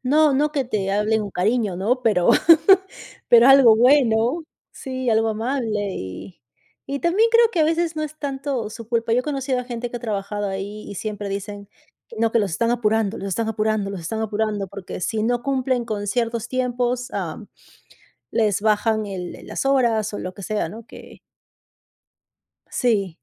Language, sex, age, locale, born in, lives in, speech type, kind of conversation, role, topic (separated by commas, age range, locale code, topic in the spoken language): Spanish, female, 35-39, es-PE, Peru, United States, conversation, unstructured, none, ¿Qué es lo que más te molesta de las cadenas de comida rápida?